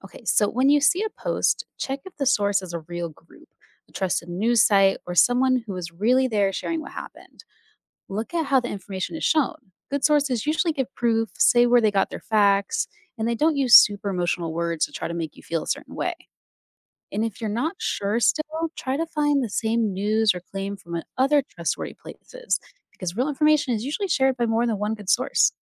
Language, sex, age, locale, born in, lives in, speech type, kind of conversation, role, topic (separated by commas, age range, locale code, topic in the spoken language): English, female, 30-34, en-US, United States, United States, read, expert-assistant, expert, How can social media be used for positive change?